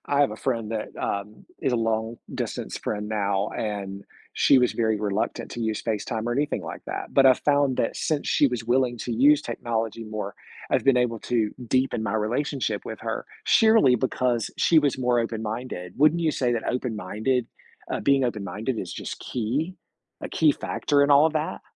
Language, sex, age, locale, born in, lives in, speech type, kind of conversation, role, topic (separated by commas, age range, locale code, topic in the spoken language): English, male, 45-49, en-US, United States, United States, conversation, podcast, host, How do families create meaningful connections and lasting memories together?